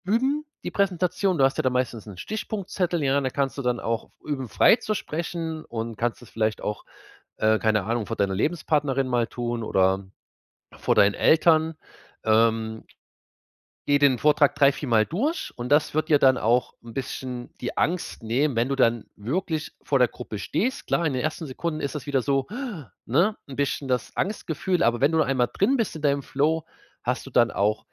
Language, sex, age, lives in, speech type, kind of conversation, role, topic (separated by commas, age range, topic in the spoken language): German, male, 30-34, Germany, conversation, advice, advisor, Wie kann ich vor Gruppen selbstsicher und klar sprechen, ohne nervös zu werden?